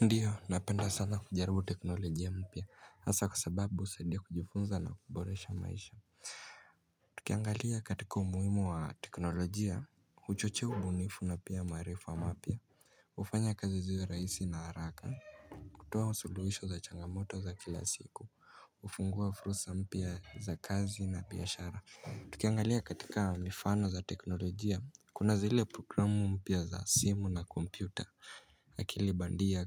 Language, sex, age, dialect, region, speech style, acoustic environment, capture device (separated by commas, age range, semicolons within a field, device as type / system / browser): Swahili, male, 18-24, Kenya - Mombasa, Kenya, anxious, silence, mobile / Linux / Chrome